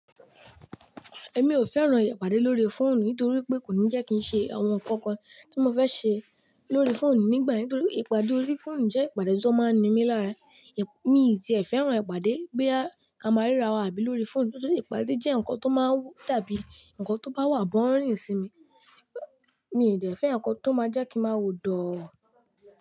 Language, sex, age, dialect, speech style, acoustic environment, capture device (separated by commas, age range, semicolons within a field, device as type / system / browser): Yoruba, male, 18-24, Nigeria - Lagos Yoruba, relaxed, nature, mobile / Linux / Chrome